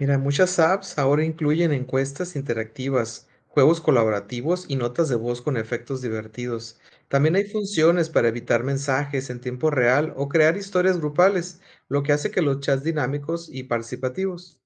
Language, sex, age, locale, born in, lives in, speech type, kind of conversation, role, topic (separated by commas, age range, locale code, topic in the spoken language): Spanish, male, 40-44, es-MX, Mexico, Mexico, read, expert-assistant, expert, ¿Qué nuevas opciones te gustaría ver en las aplicaciones de mensajería para que las conversaciones sean más interesantes?